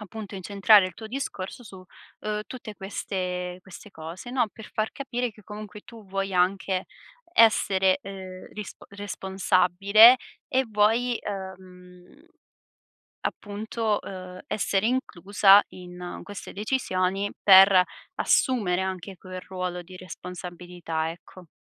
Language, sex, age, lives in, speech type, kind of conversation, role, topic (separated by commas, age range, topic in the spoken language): Italian, female, 25-29, Italy, conversation, advice, advisor, Come ti senti quando vieni escluso dalle decisioni familiari importanti?